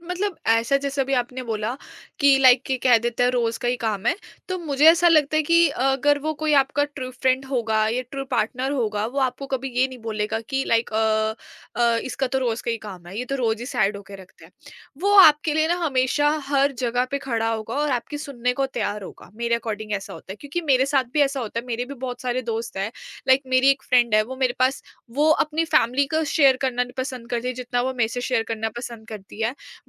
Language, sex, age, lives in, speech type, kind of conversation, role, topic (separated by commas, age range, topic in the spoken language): Hindi, female, 20-24, India, conversation, podcast, guest, Tum empathy dikhane ke liye kya karte ho jab koi dukhi ho?